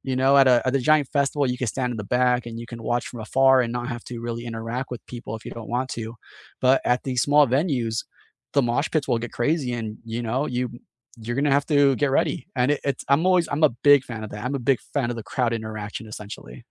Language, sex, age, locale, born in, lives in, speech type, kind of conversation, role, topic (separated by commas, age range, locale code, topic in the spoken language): English, male, 30-34, en-US, United States, United States, conversation, unstructured, none, Which concert or live performance has stayed with you for years, and why does it still resonate?